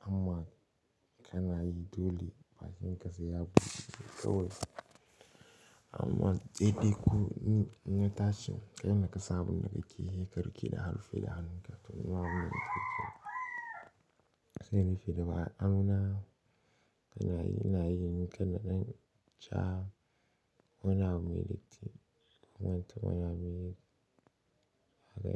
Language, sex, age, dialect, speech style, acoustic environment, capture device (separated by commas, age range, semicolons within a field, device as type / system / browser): Hausa, male, 18-24, Nigeria - Pidgin-influenced, tired; happy; stressed, silence, desktop / Linux / Chrome